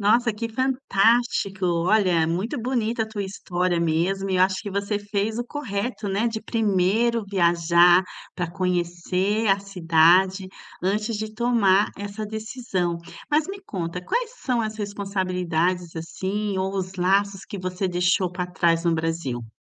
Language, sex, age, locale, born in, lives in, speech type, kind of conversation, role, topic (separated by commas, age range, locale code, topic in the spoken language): Portuguese, female, 45-49, pt-BR, Brazil, Italy, conversation, advice, advisor, Como devo me preparar para me mudar para outro país por uma oportunidade?